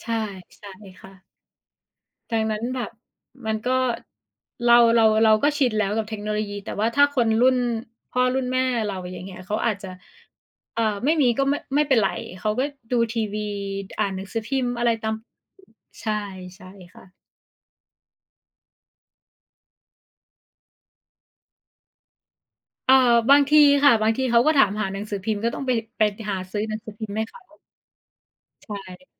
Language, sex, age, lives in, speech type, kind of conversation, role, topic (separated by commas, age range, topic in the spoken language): Thai, female, 30-34, Thailand, conversation, unstructured, none, คุณคิดว่าการใช้เวลาดีๆ ร่วมกับครอบครัวสำคัญแค่ไหน?